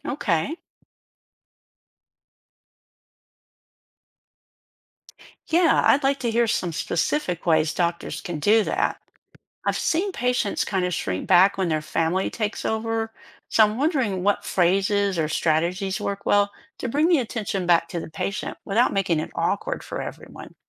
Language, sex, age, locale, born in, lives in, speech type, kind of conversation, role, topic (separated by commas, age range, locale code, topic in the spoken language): English, female, 60-64, en-US, United States, United States, read, expert-assistant, asker, Why does language change based on the audience?